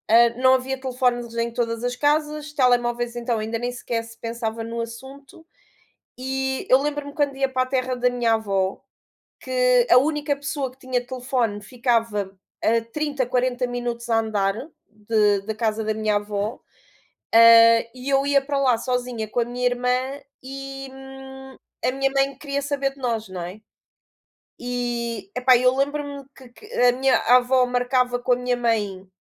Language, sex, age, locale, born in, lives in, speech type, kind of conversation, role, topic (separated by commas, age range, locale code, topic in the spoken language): Portuguese, female, 35-39, pt-PT, Portugal, Portugal, conversation, unstructured, none, O que você acha que foi a maior invenção da humanidade?